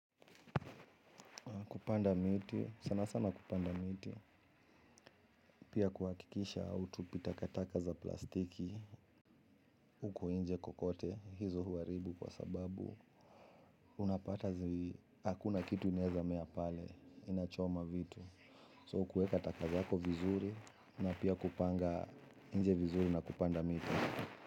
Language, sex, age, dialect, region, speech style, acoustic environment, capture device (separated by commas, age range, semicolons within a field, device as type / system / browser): Swahili, male, 35-44, Kenya - Nairobi Sheng-influenced, Kenya, relaxed, people talking, mobile / Linux / Chrome